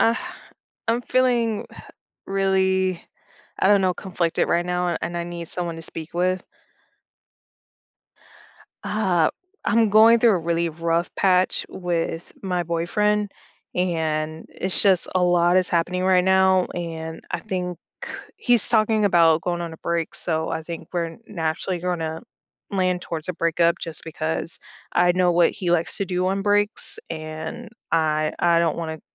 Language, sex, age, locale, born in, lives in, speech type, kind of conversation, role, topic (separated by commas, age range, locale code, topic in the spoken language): English, female, 30-34, en-US, United States, United States, conversation, advice, user, How can I cope and start moving forward after a breakup?